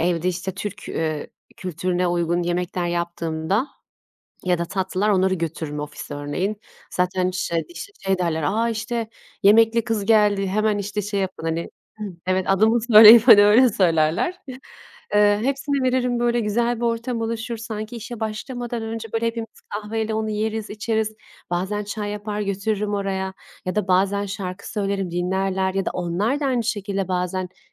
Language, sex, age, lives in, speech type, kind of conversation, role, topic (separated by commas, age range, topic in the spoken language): Turkish, female, 25-29, Italy, conversation, unstructured, none, İş hayatında motivasyonunuzu nasıl korursunuz?